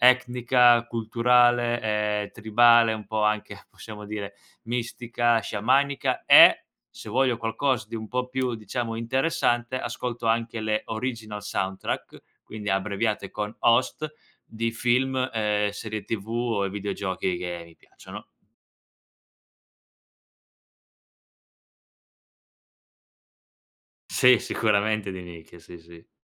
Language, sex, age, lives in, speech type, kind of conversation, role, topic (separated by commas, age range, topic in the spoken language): Italian, male, 25-29, Italy, conversation, podcast, guest, Che ruolo ha la musica nei tuoi ricordi?